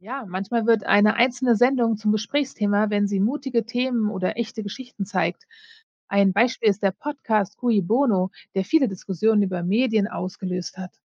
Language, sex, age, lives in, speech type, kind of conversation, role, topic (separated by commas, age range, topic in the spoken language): German, female, 40-44, United States, read, expert-assistant, expert, Was wäre, wenn jeder Mensch einmal im Jahr eine eigene Radiosendung gestalten dürfte? Wie könnte das die Gesellschaft beeinflussen?